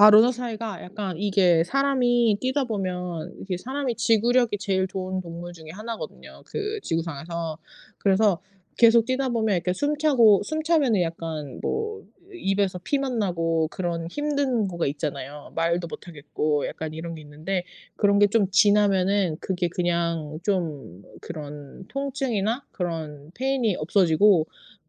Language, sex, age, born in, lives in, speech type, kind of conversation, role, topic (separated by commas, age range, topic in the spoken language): Korean, female, 35-39, South Korea, United States, conversation, unstructured, none, 운동은 정신 건강에 어떤 영향을 미치나요?